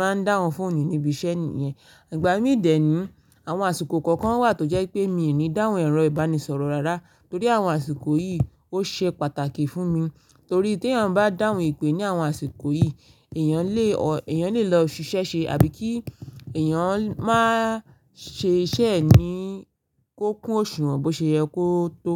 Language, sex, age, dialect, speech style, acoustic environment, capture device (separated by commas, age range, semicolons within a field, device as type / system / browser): Yoruba, female, 25-34, Nigeria - Ibadan, focused, silence, mobile / Linux / Chrome